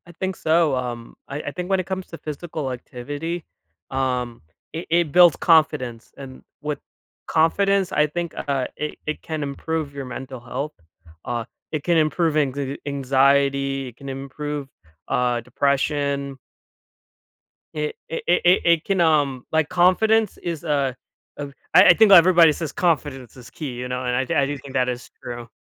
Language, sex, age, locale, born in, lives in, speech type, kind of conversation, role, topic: English, male, 25-29, en-US, United States, United States, conversation, podcast, guest, In what ways can regular exercise support better mental health and well-being?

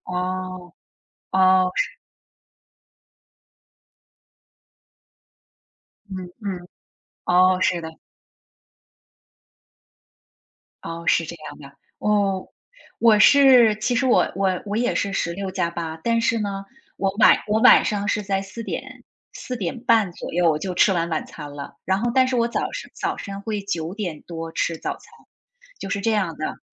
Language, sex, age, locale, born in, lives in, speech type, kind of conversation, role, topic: Chinese, female, 45-49, zh-TW, China, United States, conversation, unstructured, none, 你觉得喝茶和喝咖啡哪个更提神？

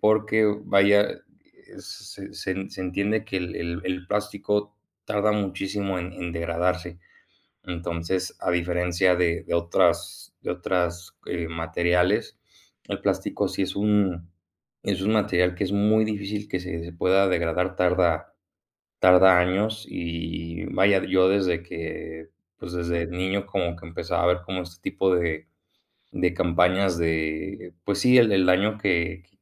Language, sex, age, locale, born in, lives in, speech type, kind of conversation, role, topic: Spanish, male, 20-24, es-MX, Mexico, Mexico, conversation, unstructured, none, ¿Qué opinas sobre el uso excesivo de plásticos en nuestra vida diaria?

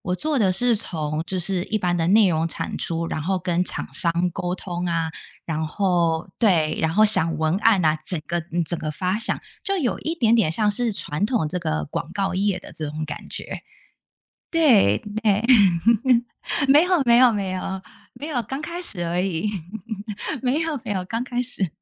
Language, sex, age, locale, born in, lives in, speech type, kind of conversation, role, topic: Chinese, female, 30-34, zh-TW, Taiwan, United States, conversation, unstructured, none, 你如何在学习和娱乐之间找到平衡？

